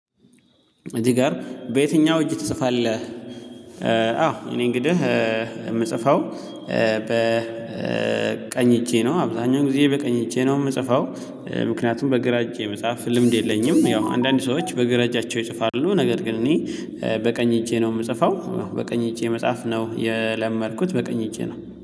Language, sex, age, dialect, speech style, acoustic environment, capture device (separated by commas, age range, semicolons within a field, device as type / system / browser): Amharic, male, 18-24, Ethiopia - Addis Ababa, happy, people talking, mobile / Linux / Chrome